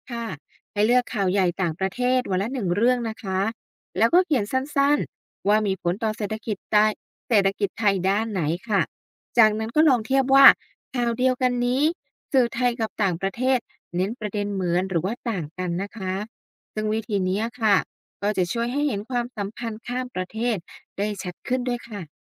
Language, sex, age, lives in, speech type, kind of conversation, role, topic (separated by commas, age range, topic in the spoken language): Thai, female, 35-39, Thailand, read, expert-assistant, expert, มีเทคนิคอะไรบ้างที่จะช่วยวิเคราะห์ข่าวเศรษฐกิจโลกให้เข้าใจง่ายขึ้น